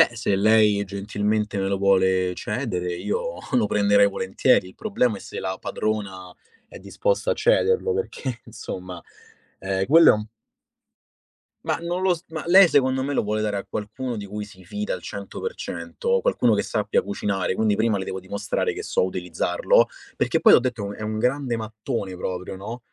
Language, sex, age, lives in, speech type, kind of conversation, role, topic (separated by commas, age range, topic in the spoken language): Italian, male, 25-29, Italy, conversation, podcast, guest, Qual è una tradizione culinaria della tua famiglia e che storia c’è dietro?